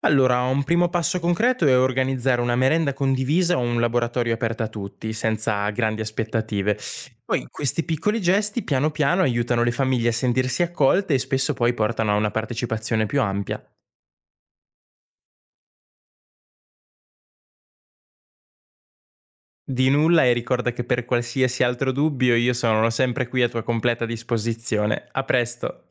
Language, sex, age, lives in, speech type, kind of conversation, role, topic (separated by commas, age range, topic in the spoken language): Italian, male, 20-24, Italy, read, expert-assistant, expert, Cosa pensi che cambierebbe nella tua vita se il tuo Paese adottasse il sistema politico di un’altra nazione europea?